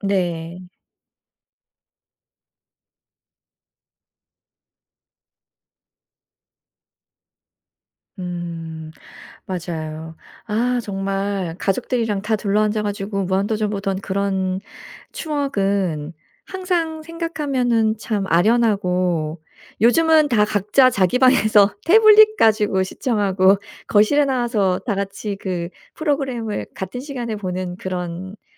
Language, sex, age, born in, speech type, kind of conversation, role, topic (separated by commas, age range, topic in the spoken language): Korean, female, 45-49, South Korea, conversation, podcast, host, 어릴 때 즐겨 보던 텔레비전 프로그램 하나를 소개해 주실 수 있나요?